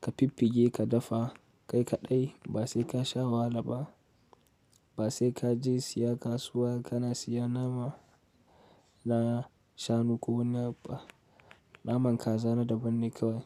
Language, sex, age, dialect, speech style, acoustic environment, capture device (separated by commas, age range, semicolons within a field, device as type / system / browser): Hausa, male, 18-24, Nigeria - Katsina, focused, music, mobile / Linux / Chrome